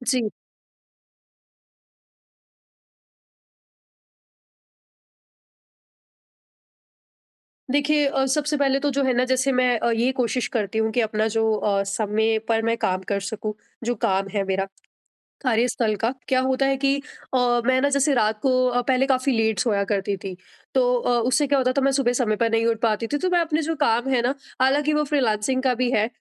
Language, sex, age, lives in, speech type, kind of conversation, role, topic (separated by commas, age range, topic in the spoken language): Hindi, female, 25-29, India, conversation, advice, user, नियमित प्रयास के बावजूद मेरी प्रगति धीमी क्यों है?